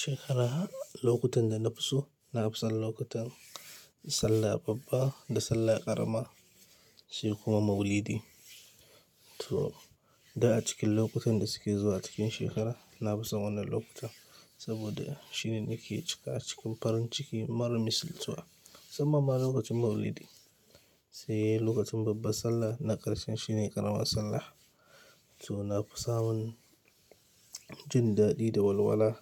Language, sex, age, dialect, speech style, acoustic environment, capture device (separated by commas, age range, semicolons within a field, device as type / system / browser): Hausa, male, 25-34, Nigeria - Kano, happy, people talking, mobile / Linux / Chrome